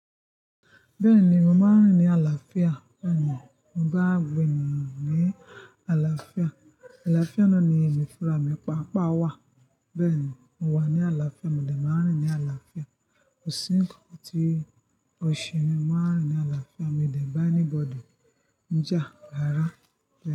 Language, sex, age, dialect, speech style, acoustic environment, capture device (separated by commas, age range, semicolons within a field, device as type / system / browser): Yoruba, female, 25-34, Nigeria - Ibadan, happy; focused; excited, nature, mobile / Linux / Chrome